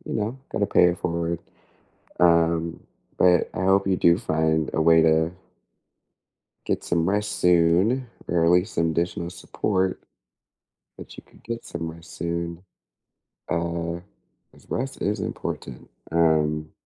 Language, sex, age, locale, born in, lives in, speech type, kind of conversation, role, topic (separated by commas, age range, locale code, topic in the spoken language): English, other, 30-34, en-US, United States, United States, conversation, unstructured, none, What sleep tweaks and bedtime routines have actually worked for you?